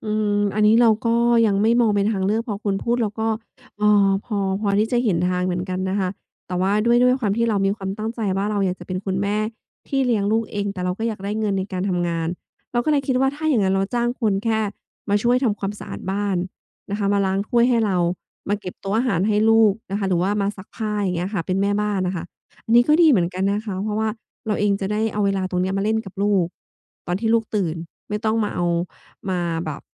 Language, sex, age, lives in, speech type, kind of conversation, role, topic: Thai, female, 35-39, Thailand, conversation, advice, user, คุณจะรักษาสมดุลระหว่างการพักผ่อนกับความรับผิดชอบในช่วงวันหยุดหรือการเดินทางได้อย่างไร?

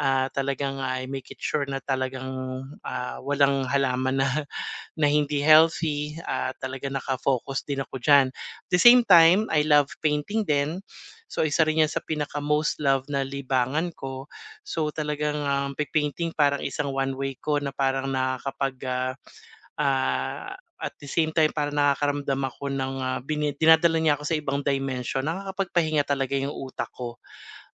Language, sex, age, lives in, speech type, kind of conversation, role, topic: Filipino, male, 45-49, Philippines, conversation, advice, user, Paano ko hahatiin ang oras ko para sa libangan at pahinga?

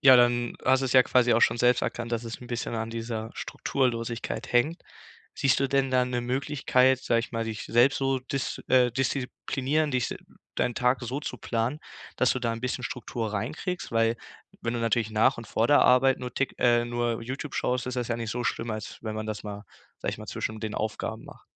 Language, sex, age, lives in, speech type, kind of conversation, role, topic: German, male, 18-19, Germany, conversation, advice, advisor, Wie plane ich meinen Tag, um digitale Unterbrechungen zu minimieren?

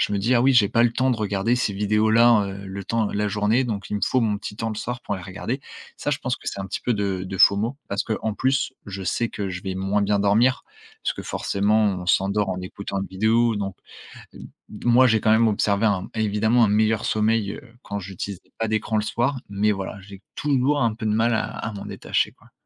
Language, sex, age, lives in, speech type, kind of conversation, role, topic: French, male, 20-24, France, conversation, podcast, guest, Comment t’y prends-tu pour te déconnecter des écrans ?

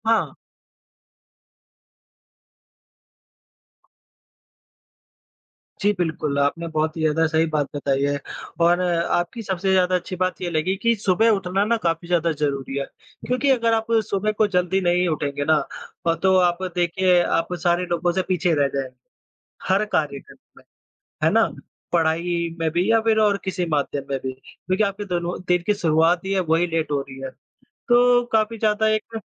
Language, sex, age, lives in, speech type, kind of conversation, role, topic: Hindi, female, 25-29, India, conversation, unstructured, none, खुशी पाने के लिए आप क्या करते हैं?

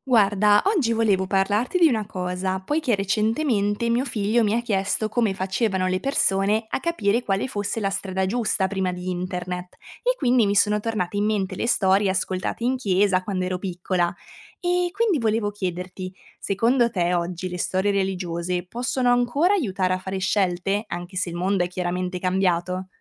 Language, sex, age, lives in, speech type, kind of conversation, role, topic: Italian, female, 20-24, Italy, read, expert-assistant, asker, Secondo te, le storie religiose possono aiutare a scegliere tra diverse strade nella vita?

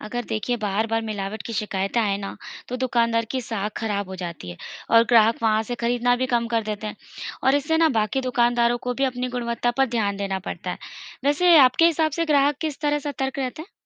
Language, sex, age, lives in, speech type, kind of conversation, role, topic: Hindi, female, 35-39, India, read, expert-assistant, expert, अगर आपके पास खाने की हर चीज़ को सुरक्षित या असुरक्षित बताने वाली मशीन हो, तो आप किन चीज़ों को सबसे पहले जांचना चाहेंगे?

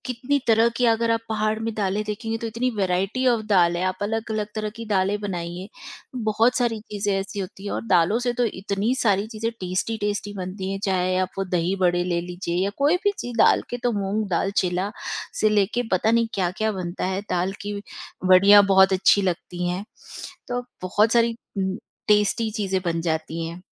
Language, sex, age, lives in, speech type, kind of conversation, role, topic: Hindi, female, 45-49, India, conversation, podcast, guest, Vegetarian diet mein aap apne daily meals mein protein ke options kaise include karte hain?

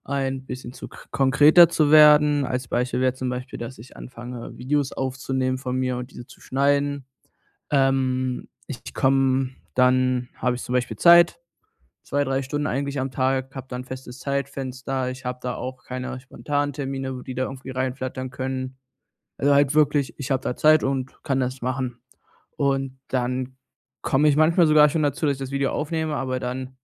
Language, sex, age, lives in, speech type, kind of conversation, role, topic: German, male, 20-24, Germany, conversation, advice, user, Wie kann ich aufhören zu prokrastinieren und wirklich anfangen?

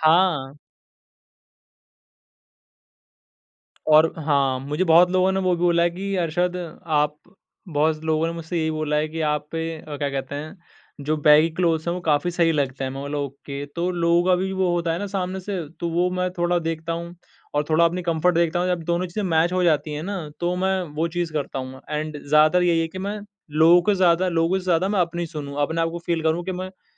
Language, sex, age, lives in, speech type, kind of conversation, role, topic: Hindi, male, 20-24, India, conversation, podcast, guest, Tum comfort aur trend ko kaise balance karte ho?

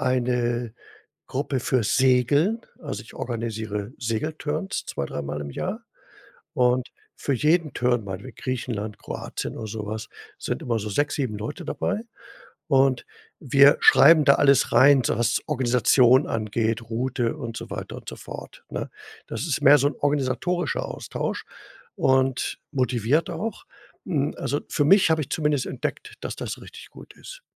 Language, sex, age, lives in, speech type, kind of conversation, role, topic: German, male, 65-69, Germany, conversation, podcast, host, Wie wichtig sind gemeinsame Erlebnisse im Vergleich zum Austausch von Nachrichten, um Nähe zu spüren?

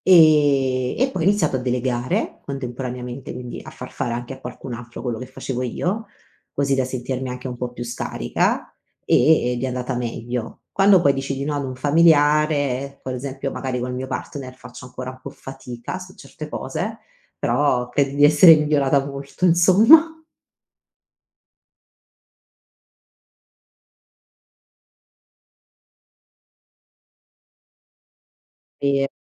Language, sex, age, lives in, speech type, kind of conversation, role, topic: Italian, female, 30-34, Italy, conversation, podcast, guest, Come impari a trattarti con più gentilezza?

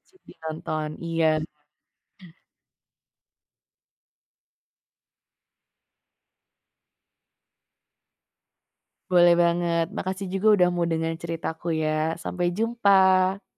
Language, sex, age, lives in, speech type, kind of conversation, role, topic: Indonesian, female, 25-29, Indonesia, conversation, podcast, guest, Film apa yang paling berkesan buat kamu, dan kenapa?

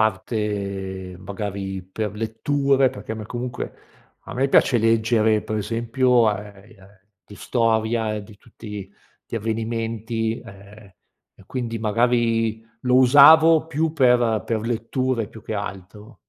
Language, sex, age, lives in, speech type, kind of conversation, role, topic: Italian, male, 50-54, Italy, conversation, podcast, guest, Che cosa significa per te una disintossicazione digitale concreta?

